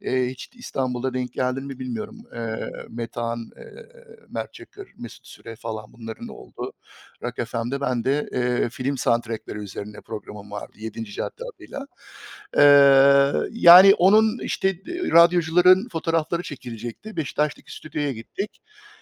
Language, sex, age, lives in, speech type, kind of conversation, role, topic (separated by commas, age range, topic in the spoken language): Turkish, male, 45-49, United States, conversation, unstructured, none, Yaratıcılığınızı hangi hobilerle ortaya çıkarıyorsunuz?